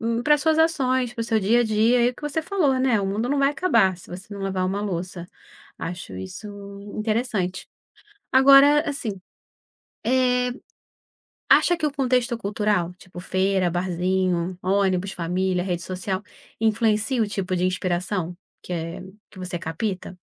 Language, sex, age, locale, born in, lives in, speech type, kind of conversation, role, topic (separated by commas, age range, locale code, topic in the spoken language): Portuguese, female, 35-39, pt-BR, Brazil, Portugal, conversation, podcast, host, Como você encontra inspiração em coisas do dia a dia?